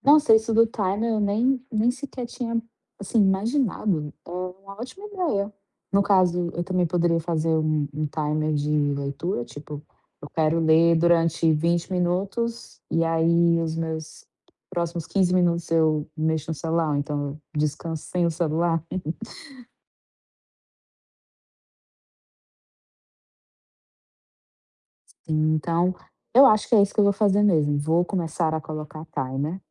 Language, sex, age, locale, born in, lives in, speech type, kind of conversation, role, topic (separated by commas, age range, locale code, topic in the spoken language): Portuguese, female, 25-29, pt-BR, Brazil, Portugal, conversation, advice, user, Como posso manter o foco durante longas sessões de trabalho ou estudo?